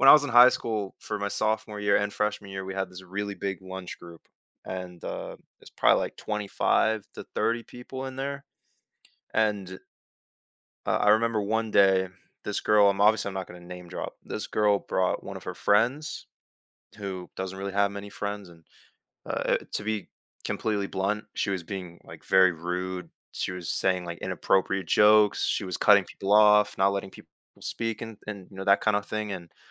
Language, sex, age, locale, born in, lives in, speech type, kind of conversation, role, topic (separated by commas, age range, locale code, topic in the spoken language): English, male, 18-19, en-US, United States, United States, conversation, podcast, guest, How can effective communication help people work through disagreements?